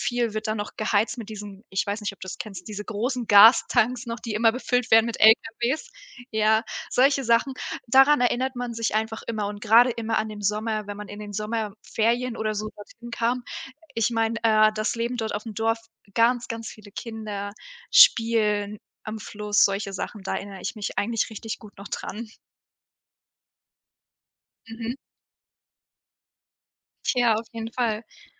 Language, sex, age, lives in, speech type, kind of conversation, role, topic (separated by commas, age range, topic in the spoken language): German, female, 18-19, Germany, conversation, unstructured, none, Was bedeutet Heimat für dich?